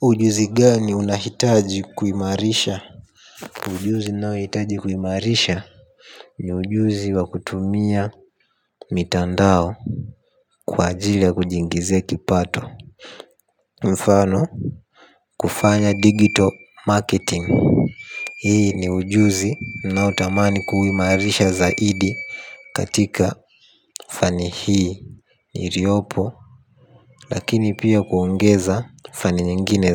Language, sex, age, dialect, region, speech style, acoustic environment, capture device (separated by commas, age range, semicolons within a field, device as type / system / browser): Swahili, male, 18-24, Tanzania - Dar es Salaam, Tanzania, happy, silence; nature; traffic, mobile / Linux / Chrome